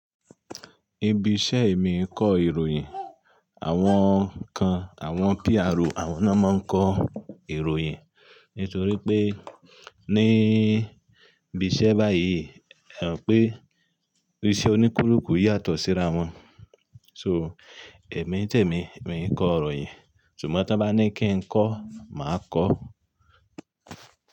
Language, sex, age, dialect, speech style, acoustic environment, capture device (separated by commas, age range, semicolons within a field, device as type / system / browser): Yoruba, male, 35-44, Nigeria - Ibadan, focused, nature, mobile / Linux / Chrome